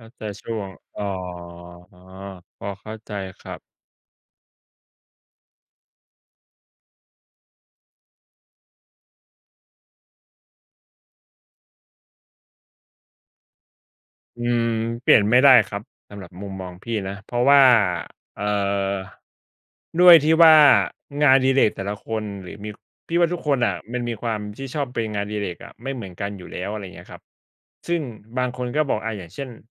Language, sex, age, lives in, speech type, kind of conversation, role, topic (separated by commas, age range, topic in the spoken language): Thai, male, 35-39, Thailand, conversation, unstructured, none, ถ้ามีคนบอกว่างานอดิเรกเป็นเรื่องเสียเวลา คุณจะตอบอย่างไร?